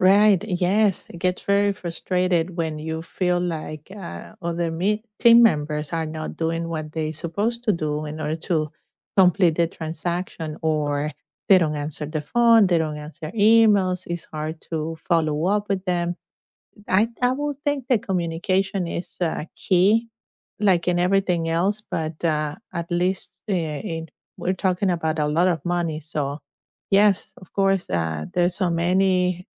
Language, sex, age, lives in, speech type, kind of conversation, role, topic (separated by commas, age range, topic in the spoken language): English, female, 50-54, United States, conversation, unstructured, none, How do you feel when you help a coworker succeed?